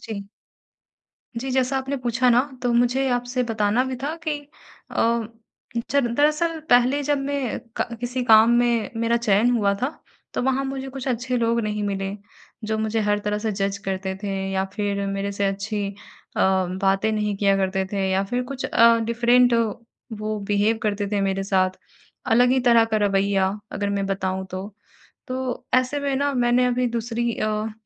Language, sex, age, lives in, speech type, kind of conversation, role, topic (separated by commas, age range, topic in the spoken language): Hindi, female, 55-59, India, conversation, advice, user, इस बदलाव के दौरान मैं अपनी रोज़मर्रा की सुरक्षा और भावनात्मक स्थिरता कैसे पा सकता/सकती हूँ?